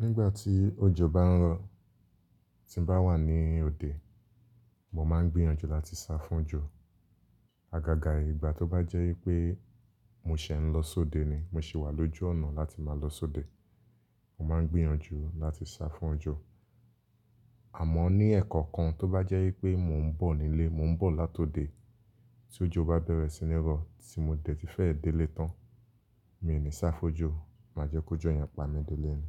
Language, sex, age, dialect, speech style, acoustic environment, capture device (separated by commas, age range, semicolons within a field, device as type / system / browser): Yoruba, male, 25-34, Nigeria - Lagos Yoruba, focused, nature, mobile / Linux / Chrome